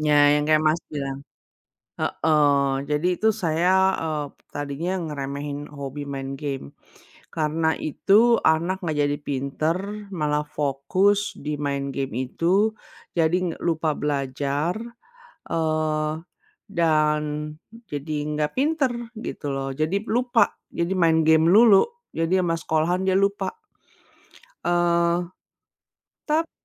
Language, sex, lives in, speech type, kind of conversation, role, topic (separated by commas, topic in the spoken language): Indonesian, female, United States, conversation, unstructured, none, Mengapa orang sering meremehkan hobi yang tidak mereka sukai?